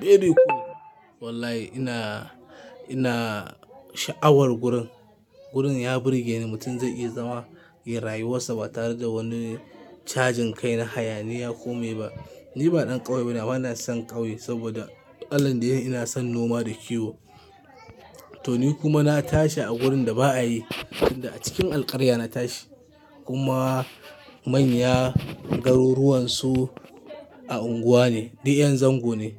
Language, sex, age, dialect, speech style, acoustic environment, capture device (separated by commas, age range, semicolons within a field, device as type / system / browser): Hausa, male, 25-34, Nigeria - Kano, happy, silence, mobile / Linux / Chrome